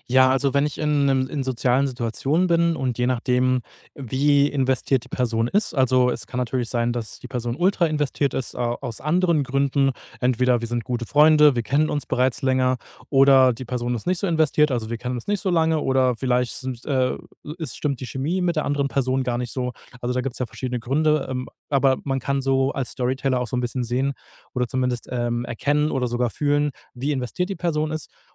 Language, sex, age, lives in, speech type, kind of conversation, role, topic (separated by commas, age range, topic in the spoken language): German, male, 30-34, Germany, conversation, podcast, guest, Wie beginnst du eine gute Geschichte so, dass die Leute dranbleiben?